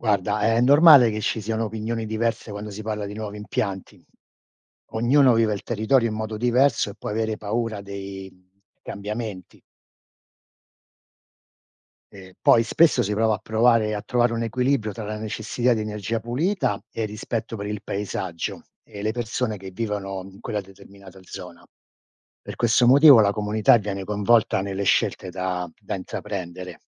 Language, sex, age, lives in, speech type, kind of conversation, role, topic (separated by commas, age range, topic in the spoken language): Italian, male, 60-64, United States, read, expert-assistant, expert, Come si produce l’energia elettrica che usiamo ogni giorno